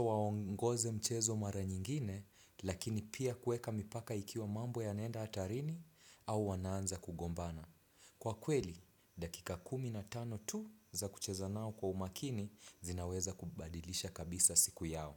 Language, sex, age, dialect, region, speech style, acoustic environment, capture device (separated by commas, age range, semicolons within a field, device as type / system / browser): Swahili, male, 25-34, Kenya - Mombasa, Kenya, relaxed, nature, desktop / Windows / Chrome